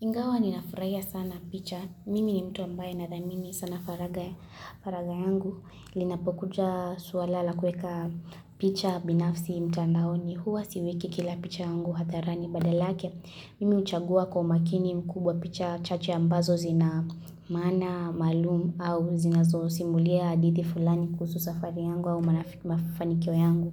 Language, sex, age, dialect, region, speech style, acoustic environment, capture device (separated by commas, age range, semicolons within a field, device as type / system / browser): Swahili, female, 18-24, Kenya - Kitui, Kenya, focused, silence, mobile / Linux / Chrome